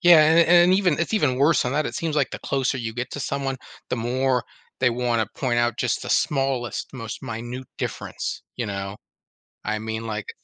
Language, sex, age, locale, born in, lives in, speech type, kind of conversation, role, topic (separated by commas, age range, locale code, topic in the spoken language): English, male, 35-39, en-US, United States, United States, conversation, podcast, guest, Why is it important to learn from history when making decisions today?